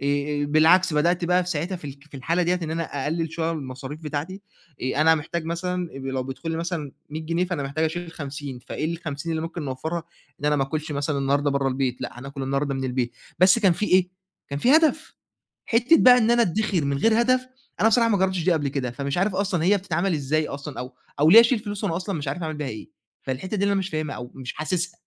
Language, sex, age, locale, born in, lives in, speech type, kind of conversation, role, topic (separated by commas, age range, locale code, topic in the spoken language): Arabic, male, 20-24, ar-EG, Egypt, Egypt, conversation, advice, user, إزاي أوازن بين متع النهارده والادخار علشان أمان مالي في المستقبل؟